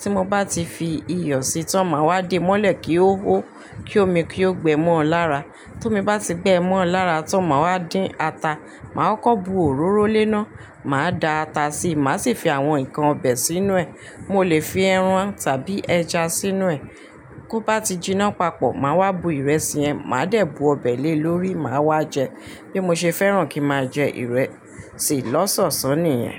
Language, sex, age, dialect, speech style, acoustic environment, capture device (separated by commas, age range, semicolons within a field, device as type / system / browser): Yoruba, female, 35-44, Nigeria - Ibadan, relaxed, people talking, mobile / Linux / Chrome